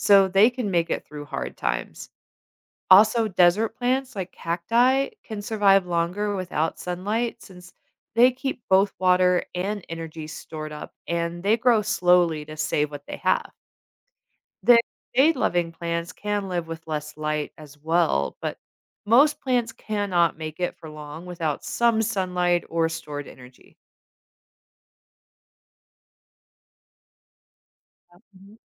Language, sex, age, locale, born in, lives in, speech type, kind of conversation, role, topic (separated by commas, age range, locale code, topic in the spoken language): English, female, 40-44, en-US, United States, United States, read, expert-assistant, expert, How do plants turn sunlight into energy?